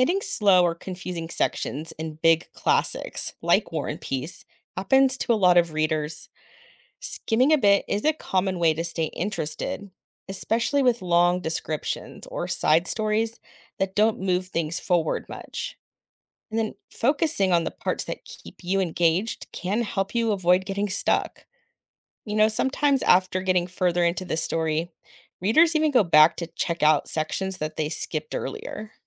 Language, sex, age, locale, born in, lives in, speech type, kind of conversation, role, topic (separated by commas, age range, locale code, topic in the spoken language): English, female, 40-44, en-US, United States, United States, read, expert-assistant, expert, How can classic literature be read daily without overwhelm?